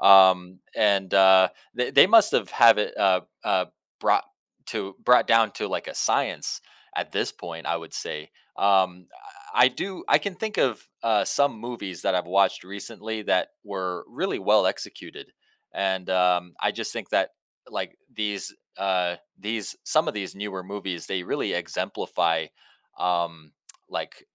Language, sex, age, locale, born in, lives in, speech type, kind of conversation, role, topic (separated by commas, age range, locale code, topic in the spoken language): English, male, 30-34, en-US, United States, United States, conversation, podcast, host, Why do some movies leave a lasting impression on us?